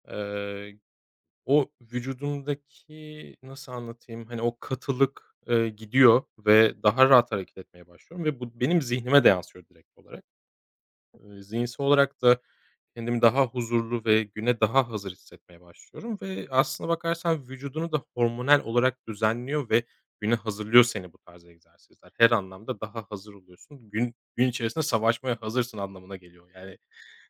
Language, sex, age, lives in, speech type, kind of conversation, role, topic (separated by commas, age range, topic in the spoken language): Turkish, male, 35-39, Germany, conversation, podcast, guest, Sabahları kendini iyi hissetmek için neler yaparsın?